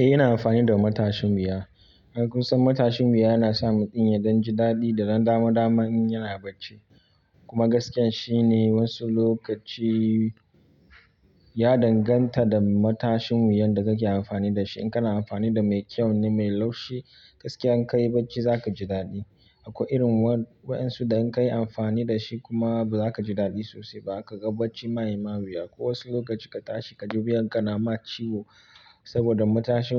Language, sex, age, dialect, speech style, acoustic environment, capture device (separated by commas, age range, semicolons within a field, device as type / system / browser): Hausa, male, 25-34, Nigeria - Kano, tired, people talking, mobile / Linux / Chrome